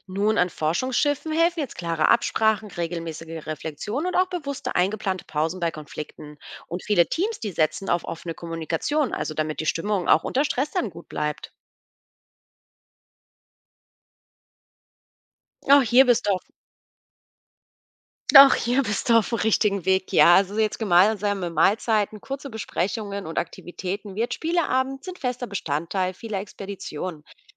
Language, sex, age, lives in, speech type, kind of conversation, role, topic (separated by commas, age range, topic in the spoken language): German, female, 35-39, Germany, read, expert-assistant, expert, Welche spannenden Wege gibt es, um mein Wissen über das Meer und seine Geheimnisse weiterzuentwickeln?